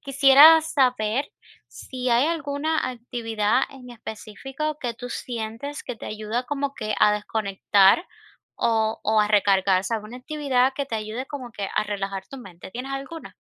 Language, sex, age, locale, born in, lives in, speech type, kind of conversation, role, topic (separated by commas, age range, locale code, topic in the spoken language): Spanish, female, 25-29, es-CU, Cuba, United States, conversation, advice, advisor, ¿Cómo puedo reservar tiempo cada día para descansar y recargarme?